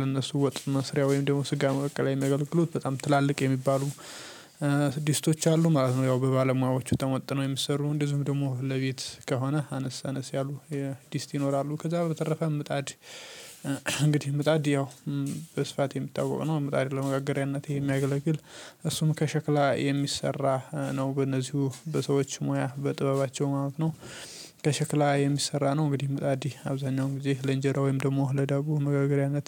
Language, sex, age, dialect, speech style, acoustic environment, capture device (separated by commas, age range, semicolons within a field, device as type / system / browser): Amharic, male, 18-24, Ethiopia - Addis Ababa, happy; stressed, nature; silence, mobile / Linux / Chrome